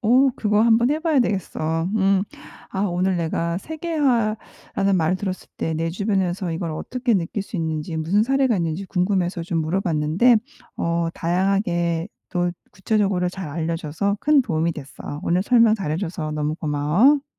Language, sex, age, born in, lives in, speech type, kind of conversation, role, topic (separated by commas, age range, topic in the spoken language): Korean, female, 45-49, South Korea, United States, read, expert-assistant, asker, 세계화라는 말을 들었을 때, 내 주변에서 직접 느낄 수 있는 사례를 어떻게 조사해 볼 수 있을까요?